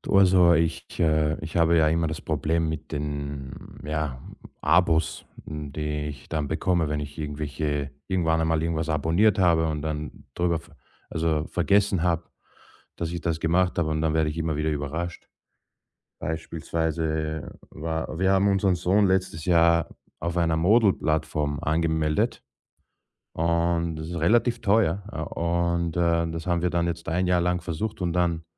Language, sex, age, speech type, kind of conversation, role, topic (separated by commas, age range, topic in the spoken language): German, male, 35-39, conversation, advice, user, Wie kann ich meine unübersichtlichen Abonnements in den Griff bekommen, damit sie nicht weiter mein Geld auffressen?